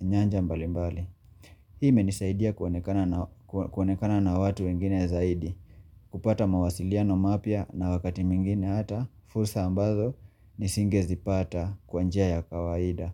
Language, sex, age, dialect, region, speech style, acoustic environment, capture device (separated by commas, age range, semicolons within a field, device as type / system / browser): Swahili, male, 25-34, Kenya - Mombasa, Kenya, relaxed, silence, mobile / Linux / Chrome